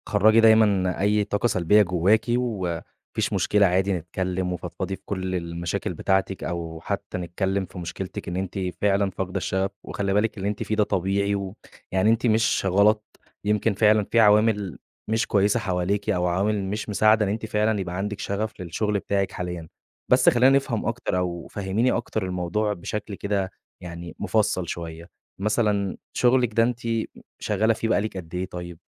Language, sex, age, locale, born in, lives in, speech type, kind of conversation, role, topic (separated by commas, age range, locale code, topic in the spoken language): Arabic, male, 20-24, ar-EG, Egypt, Egypt, conversation, advice, advisor, إزاي أتعامل مع فقدان الشغف في شغلي وخوفي إني ما اهتمّش تاني؟